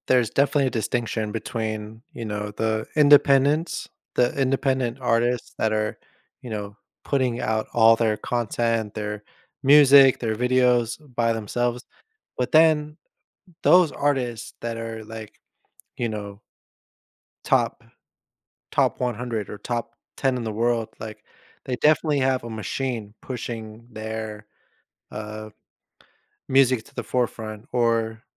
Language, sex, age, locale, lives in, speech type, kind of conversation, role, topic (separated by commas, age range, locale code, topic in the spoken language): English, male, 30-34, en-US, United States, conversation, podcast, host, Why do you think music brings people together in meaningful ways?